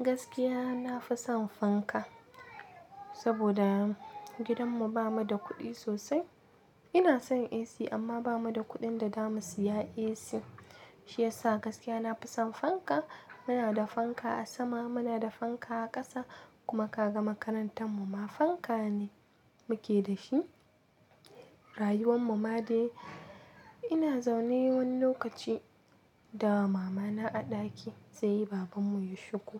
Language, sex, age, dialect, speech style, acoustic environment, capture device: Hausa, female, 18-24, Nigeria - Kano, happy, nature, mobile / Linux / Chrome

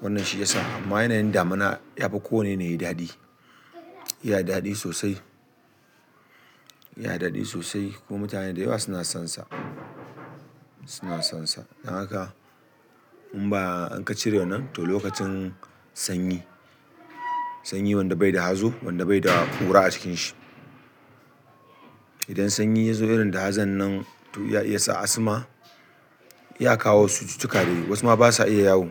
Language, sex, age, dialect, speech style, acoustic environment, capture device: Hausa, male, 35-44, Nigeria - Kano, excited, people talking; music; nature, desktop / Linux / Chrome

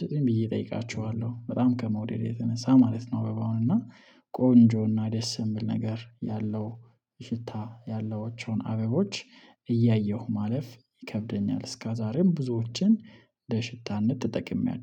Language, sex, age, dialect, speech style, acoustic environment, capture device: Amharic, male, 25-34, Ethiopia - Addis Ababa, happy; relaxed; focused; excited, silence, mobile / Linux / Chrome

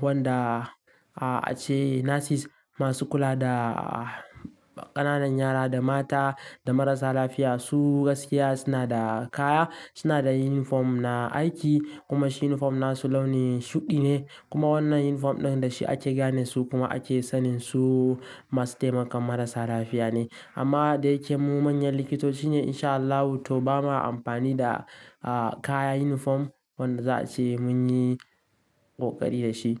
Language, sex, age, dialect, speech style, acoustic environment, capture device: Hausa, male, 18-24, Nigeria - Kano, relaxed, silence; nature, mobile / Linux / Chrome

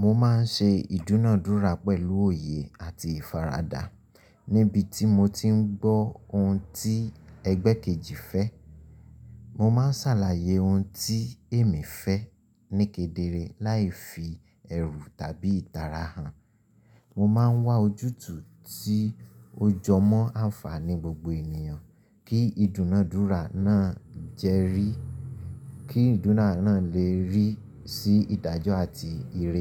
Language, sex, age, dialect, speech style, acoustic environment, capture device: Yoruba, male, 25-34, Nigeria - Ibadan, relaxed, silence, mobile / Linux / Chrome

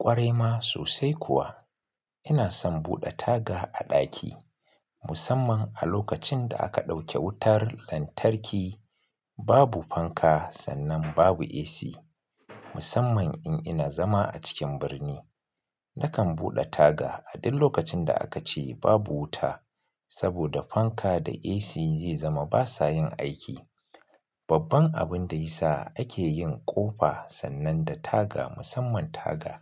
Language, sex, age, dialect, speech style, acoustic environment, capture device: Hausa, male, 35-44, Nigeria - Kano, happy; focused; relaxed, silence, mobile / Linux / Chrome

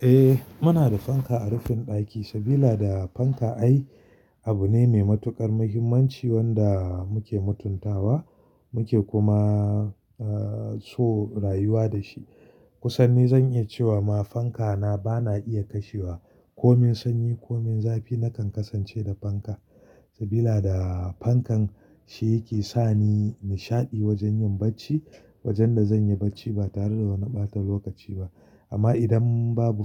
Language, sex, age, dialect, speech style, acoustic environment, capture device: Hausa, male, 35-44, Nigeria - Kano, relaxed, silence, mobile / Linux / Chrome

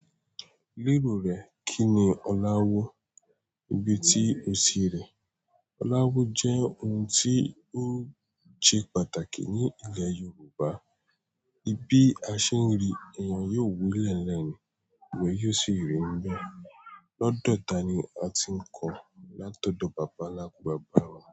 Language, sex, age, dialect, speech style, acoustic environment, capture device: Yoruba, male, 18-24, Nigeria - Nigerian Standard, relaxed, silence, mobile / Android / Chrome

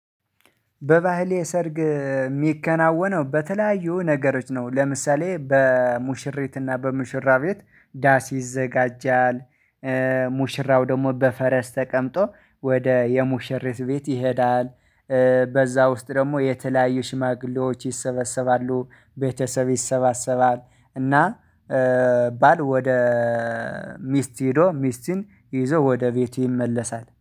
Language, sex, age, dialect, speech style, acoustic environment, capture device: Amharic, male, 25-34, Ethiopia - Addis Ababa, excited, silence, mobile / Linux / Chrome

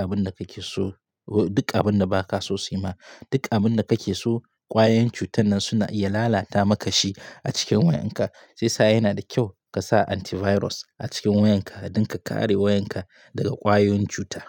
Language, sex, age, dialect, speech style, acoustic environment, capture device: Hausa, male, 25-34, Nigeria - Katsina, relaxed, silence, mobile / Linux / Chrome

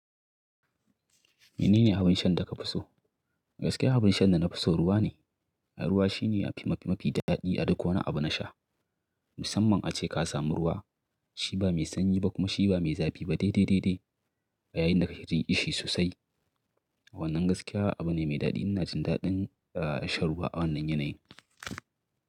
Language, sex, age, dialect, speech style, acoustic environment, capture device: Hausa, male, 35-44, Nigeria - Kano, excited, nature, mobile / Linux / Chrome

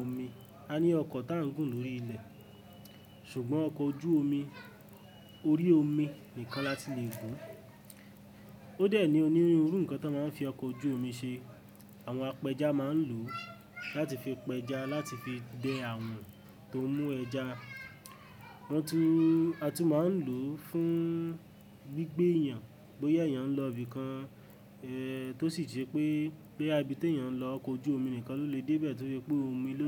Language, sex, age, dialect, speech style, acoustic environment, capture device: Yoruba, male, 18-24, Nigeria - Ibadan, happy; focused, people talking; nature, mobile / Linux / Chrome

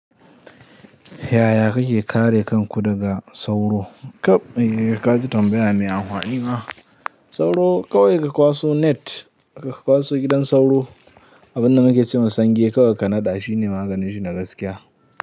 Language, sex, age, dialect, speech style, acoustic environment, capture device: Hausa, male, 18-24, Nigeria - Katsina, happy; anxious; tired; relaxed; nervous; excited; focused; stressed, people talking; music; nature; silence; traffic; construction; appliances, mobile / Linux / Chrome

